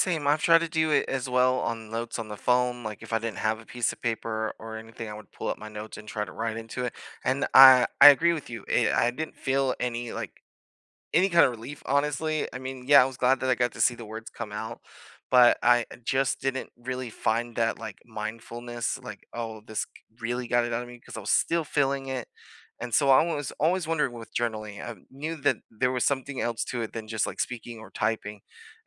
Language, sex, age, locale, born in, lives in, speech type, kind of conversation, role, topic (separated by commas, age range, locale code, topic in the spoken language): English, male, 25-29, en-US, United States, United States, conversation, unstructured, none, What mindfulness moments do you sneak into your day, and are quick check-ins truly helpful or just added pressure?